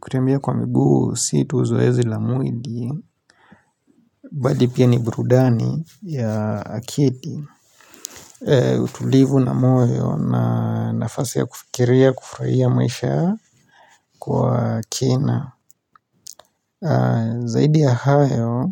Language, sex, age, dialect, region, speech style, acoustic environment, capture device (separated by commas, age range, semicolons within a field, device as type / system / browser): Swahili, male, 25-34, Kenya - Nairobi Sheng-influenced, Kenya, relaxed; focused, silence, mobile / Linux / Chrome